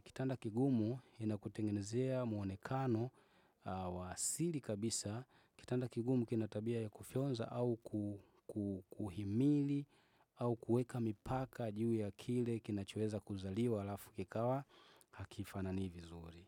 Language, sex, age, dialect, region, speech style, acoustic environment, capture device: Swahili, male, 25-34, Tanzania - Dar es Salaam, Tanzania, focused, nature, desktop / Windows / Chrome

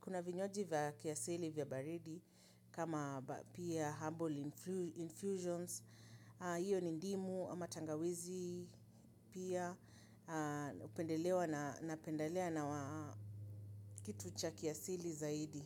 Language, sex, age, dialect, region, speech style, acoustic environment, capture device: Swahili, female, 35-44, Kenya - Nairobi Sheng-influenced, Kenya, happy; excited; focused; relaxed, people talking; nature; appliances, mobile / Linux / Chrome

